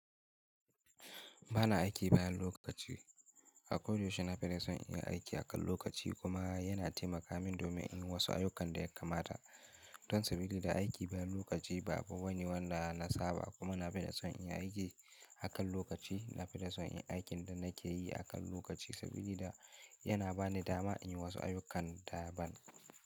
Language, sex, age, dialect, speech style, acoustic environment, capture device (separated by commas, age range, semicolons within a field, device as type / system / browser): Hausa, male, 18-24, Nigeria - Kano, happy; focused; excited, silence, mobile / Linux / Chrome